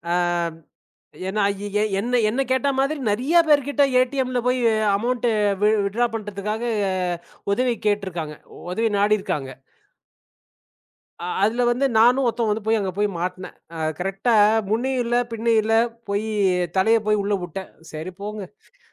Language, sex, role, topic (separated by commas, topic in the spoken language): Tamil, male, guest, உங்கள் வாழ்க்கையை மாற்றிய ஒரு பயண அனுபவத்தைப் பற்றி சொல்ல முடியுமா?